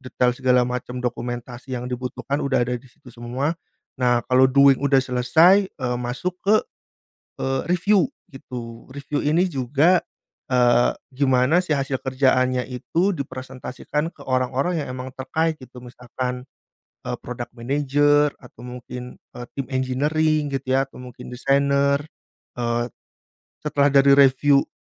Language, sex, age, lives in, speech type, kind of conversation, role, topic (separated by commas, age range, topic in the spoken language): Indonesian, male, 30-34, Indonesia, conversation, podcast, guest, Bagaimana kamu mengatur tugas harian dengan bantuan aplikasi?